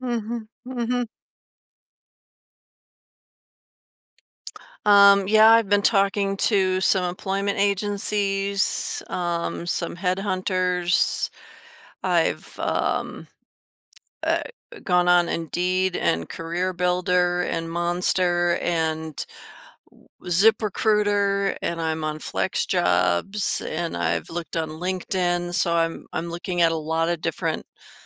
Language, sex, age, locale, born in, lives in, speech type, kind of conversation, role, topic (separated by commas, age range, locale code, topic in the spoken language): English, female, 50-54, en-US, United States, United States, conversation, advice, user, How can I manage my nerves and build confidence as I start a new job?